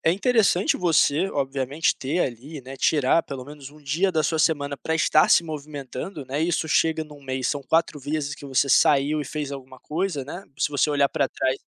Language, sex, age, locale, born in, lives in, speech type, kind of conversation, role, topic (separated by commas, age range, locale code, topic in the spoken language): Portuguese, male, 25-29, pt-BR, Brazil, Portugal, conversation, advice, advisor, Por que estou falhando em manter uma rotina regular de exercícios físicos?